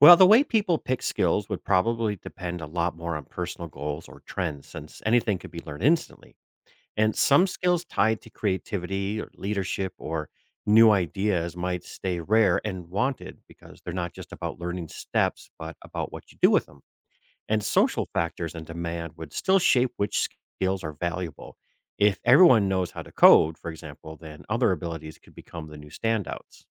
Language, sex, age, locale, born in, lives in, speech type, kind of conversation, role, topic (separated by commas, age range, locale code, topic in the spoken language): English, male, 45-49, en-US, United States, United States, read, expert-assistant, expert, What would happen if people could instantly learn any skill?